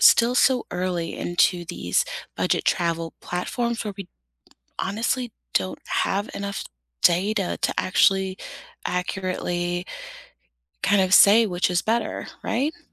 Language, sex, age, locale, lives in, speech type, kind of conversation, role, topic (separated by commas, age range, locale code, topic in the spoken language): English, female, 30-34, en-US, United States, conversation, unstructured, none, Do budget travel platforms harm small local accommodations more than they benefit them?